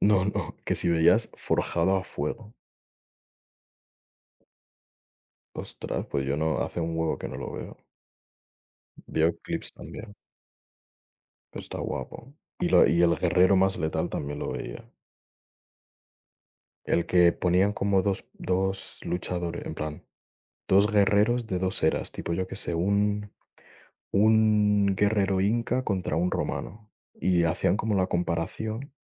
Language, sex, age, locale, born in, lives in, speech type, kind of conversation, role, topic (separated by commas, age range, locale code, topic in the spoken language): Spanish, male, 20-24, es-ES, Spain, Spain, conversation, unstructured, none, ¿Preferirías vivir sin redes sociales o sin televisión?